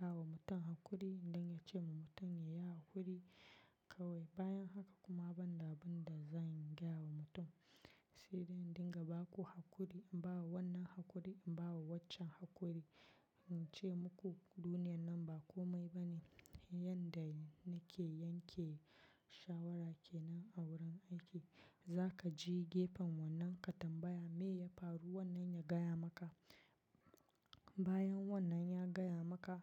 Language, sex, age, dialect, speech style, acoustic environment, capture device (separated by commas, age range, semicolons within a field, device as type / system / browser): Hausa, female, 25-34, Nigeria - Nigerian Standard, relaxed; focused, silence, mobile / macOS / Safari